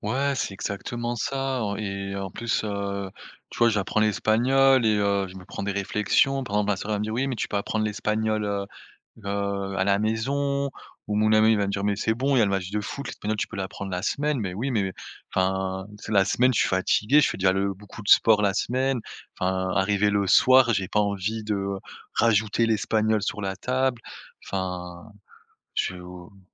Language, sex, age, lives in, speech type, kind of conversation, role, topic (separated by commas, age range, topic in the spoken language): French, male, 30-34, France, conversation, advice, user, Comment puis-je éviter de dire oui à trop de demandes et garder du temps pour moi ?